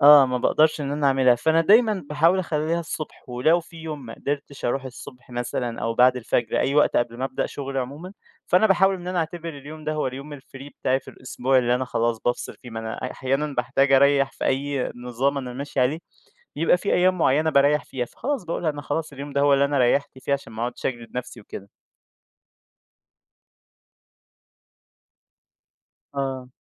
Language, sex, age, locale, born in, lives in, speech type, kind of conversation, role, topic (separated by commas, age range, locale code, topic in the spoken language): Arabic, male, 20-24, ar-EG, Egypt, Egypt, conversation, podcast, guest, إزاي بتنظّم وقتك بين الشغل والهواية؟